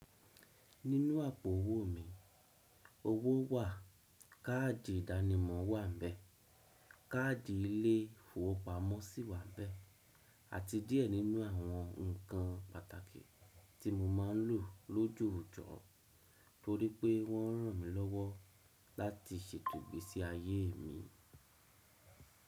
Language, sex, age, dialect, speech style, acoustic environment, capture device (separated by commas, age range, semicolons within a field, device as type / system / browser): Yoruba, male, 35-44, Nigeria - Lagos Yoruba, happy, silence; nature, desktop / Windows / Chrome